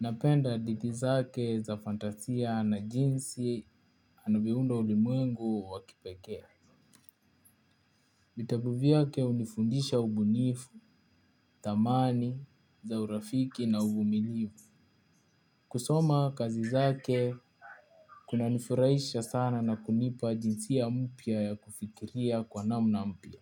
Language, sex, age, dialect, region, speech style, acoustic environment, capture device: Swahili, male, 18-24, Kenya - Nairobi Sheng-influenced, Kenya, focused, appliances, mobile / Linux / Chrome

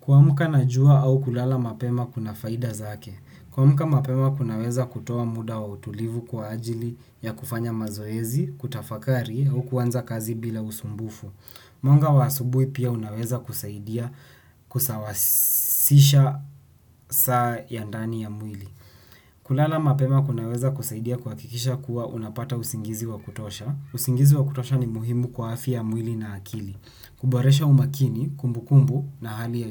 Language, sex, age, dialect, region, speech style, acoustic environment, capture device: Swahili, male, 18-24, Kenya - Nairobi Sheng-influenced, Kenya, anxious, silence, desktop / Windows / Chrome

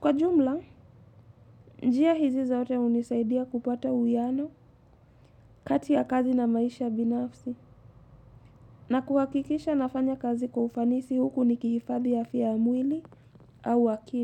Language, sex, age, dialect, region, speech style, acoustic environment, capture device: Swahili, female, 18-24, Kenya - Mombasa, Kenya, happy, silence, mobile / Linux / Chrome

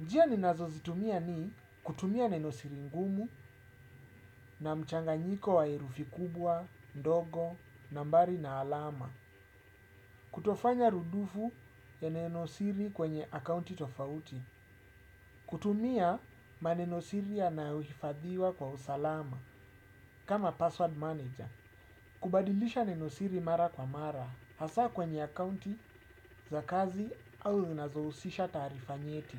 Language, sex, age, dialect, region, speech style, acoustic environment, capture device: Swahili, male, 35-44, Kenya - Nairobi Sheng-influenced, Kenya, focused, appliances; silence, desktop / Windows / Chrome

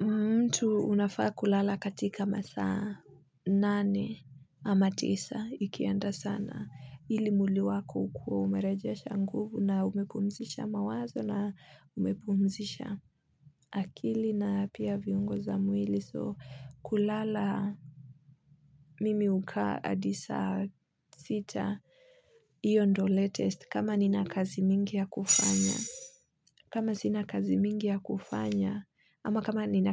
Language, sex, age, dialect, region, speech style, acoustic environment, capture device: Swahili, female, 25-34, Kenya - Nairobi Sheng-influenced, Kenya, focused, nature, mobile / Linux / Chrome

